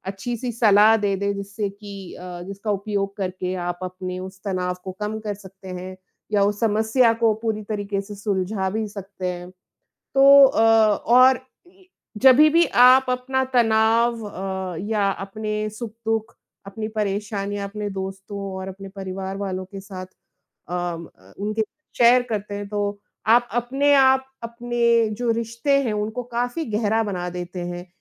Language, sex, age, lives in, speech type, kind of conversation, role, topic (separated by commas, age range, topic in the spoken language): Hindi, female, 35-39, India, conversation, unstructured, none, आप तनाव को कम करने के लिए क्या-क्या करते हैं?